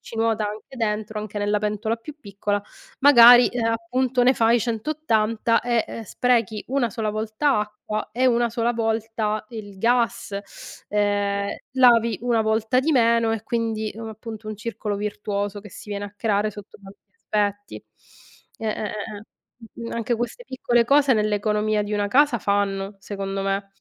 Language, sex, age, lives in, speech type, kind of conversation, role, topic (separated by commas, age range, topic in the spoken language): Italian, female, 35-39, Italy, conversation, unstructured, none, In che modo la pianificazione settimanale dei pasti può semplificare la nostra routine quotidiana?